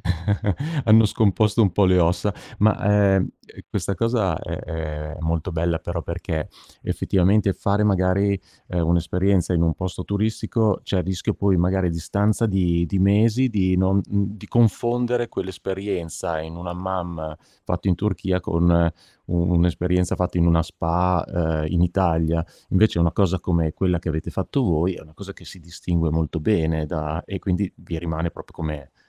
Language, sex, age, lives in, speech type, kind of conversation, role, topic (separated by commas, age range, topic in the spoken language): Italian, male, 50-54, Italy, conversation, podcast, host, Com’è scoprire luoghi nascosti lontano dalle guide turistiche?